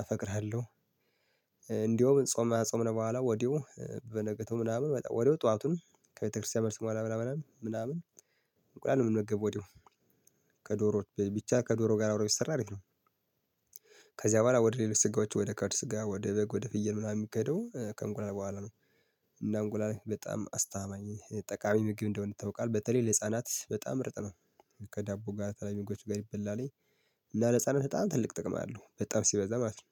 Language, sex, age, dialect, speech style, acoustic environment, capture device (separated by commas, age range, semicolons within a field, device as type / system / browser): Amharic, male, 25-34, Ethiopia - Gondar, happy, silence, mobile / Linux / Chrome